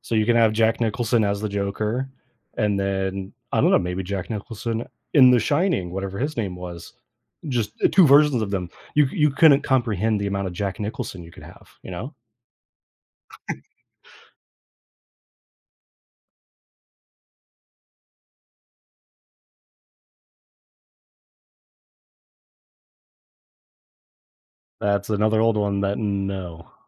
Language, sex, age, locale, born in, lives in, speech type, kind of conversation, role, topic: English, male, 25-29, en-US, United States, United States, conversation, unstructured, none, Which film character would you invite to dinner, and what would you ask them?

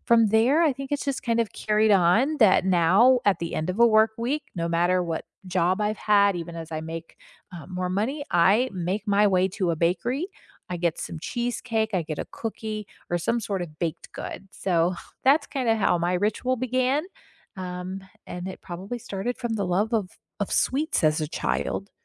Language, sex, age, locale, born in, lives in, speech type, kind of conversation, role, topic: English, female, 40-44, en-US, United States, United States, conversation, unstructured, none, What is the story behind your favorite weekend ritual, and what makes it meaningful to you?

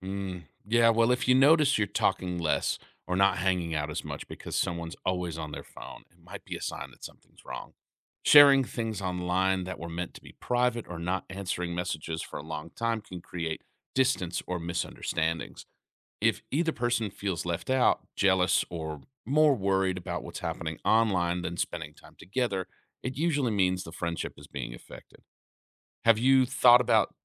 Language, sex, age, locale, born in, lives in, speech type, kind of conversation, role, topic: English, male, 35-39, en-US, United States, United States, read, expert-assistant, expert, How does social media affect personal relationships?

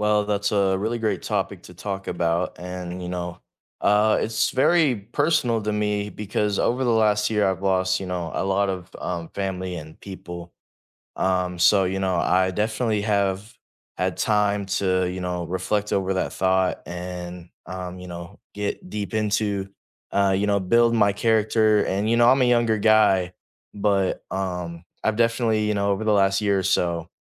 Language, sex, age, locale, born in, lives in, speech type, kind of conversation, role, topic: English, male, 18-19, en-US, United States, United States, conversation, unstructured, none, What does it feel like to find joy again after a loss?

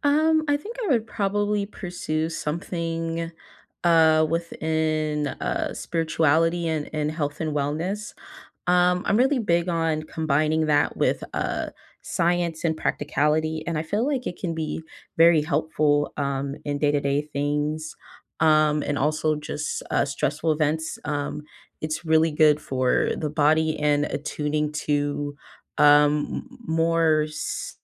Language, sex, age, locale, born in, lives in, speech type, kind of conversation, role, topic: English, female, 20-24, en-US, United States, United States, conversation, unstructured, none, If time and money were no object, what dream skill would you pursue—and why?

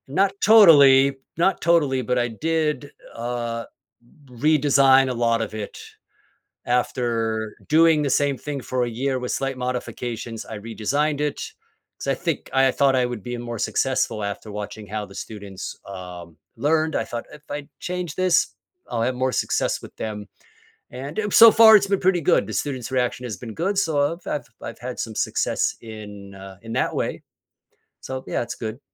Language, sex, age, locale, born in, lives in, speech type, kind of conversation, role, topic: English, male, 50-54, en-US, United States, United States, conversation, podcast, guest, How do your values and experiences shape what success means to you?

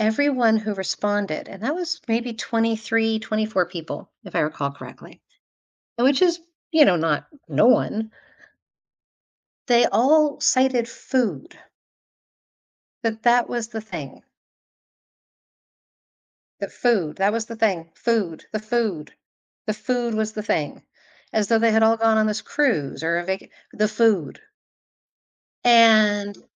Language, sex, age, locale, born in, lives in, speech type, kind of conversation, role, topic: English, female, 45-49, en-US, United States, United States, conversation, podcast, guest, Why do certain foods bring us comfort and evoke strong memories?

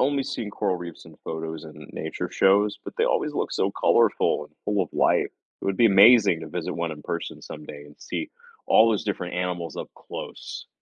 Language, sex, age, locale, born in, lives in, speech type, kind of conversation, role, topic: English, male, 40-44, en-US, United States, United States, read, expert-assistant, asker, How do coral reefs support so many different kinds of sea life?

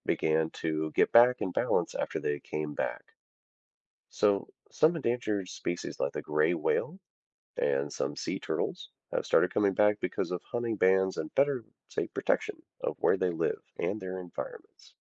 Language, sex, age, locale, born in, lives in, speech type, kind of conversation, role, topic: English, male, 30-34, en-US, United States, United States, read, expert-assistant, expert, What is the ozone layer and why is it important?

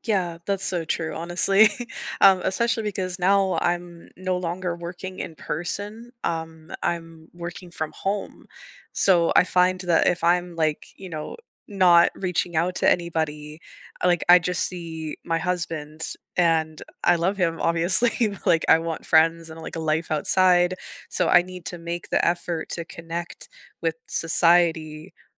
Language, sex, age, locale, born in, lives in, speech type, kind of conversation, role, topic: English, female, 25-29, en-US, United States, Canada, conversation, unstructured, none, How could you redesign the way you keep up with friends so it feels easier and more joyful?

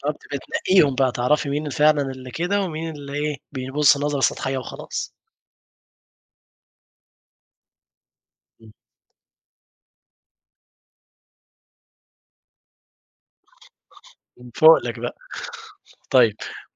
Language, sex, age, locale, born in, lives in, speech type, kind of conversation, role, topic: Arabic, male, 20-24, ar-EG, Egypt, Egypt, conversation, podcast, host, إزاي خططت مادّيًا قبل ما تسيب شغلك القديم؟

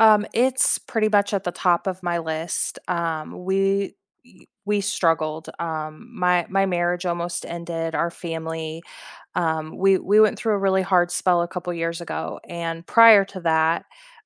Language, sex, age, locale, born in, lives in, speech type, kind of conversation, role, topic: English, female, 40-44, en-US, United States, United States, conversation, unstructured, none, What is one small daily habit you have started recently that has quietly improved your days, and why?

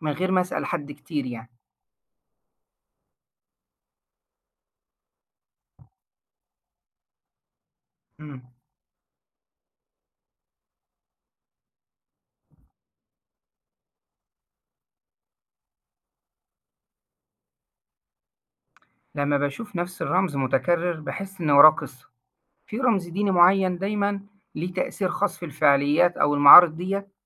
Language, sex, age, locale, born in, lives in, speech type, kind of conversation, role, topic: Arabic, male, 40-44, ar-EG, Egypt, Egypt, read, expert-assistant, asker, لو عايز أزور أماكن دينية مشهورة من أديان مختلفة، إزاي أخطط الرحلة دي وأستفيد منها؟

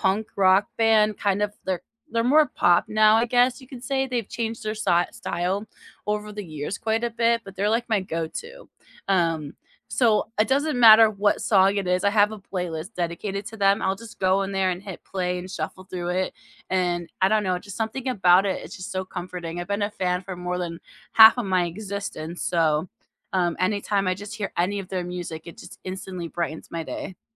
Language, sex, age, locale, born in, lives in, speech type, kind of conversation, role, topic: English, female, 30-34, en-US, United States, United States, conversation, unstructured, none, What is your favorite way to recharge after a long week?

